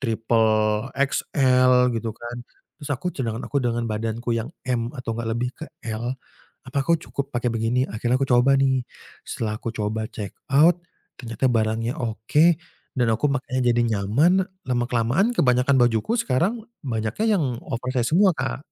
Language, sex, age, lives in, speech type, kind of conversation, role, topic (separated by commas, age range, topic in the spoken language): Indonesian, male, 25-29, Indonesia, conversation, podcast, guest, Bagaimana media sosial mengubah cara kamu bergaya?